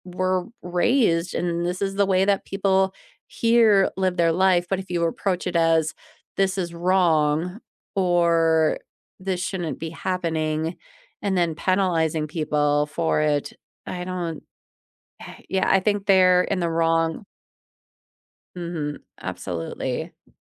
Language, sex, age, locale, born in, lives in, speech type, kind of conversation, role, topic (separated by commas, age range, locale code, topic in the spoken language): English, female, 40-44, en-US, United States, United States, conversation, unstructured, none, Should I be punished for beliefs that hurt others?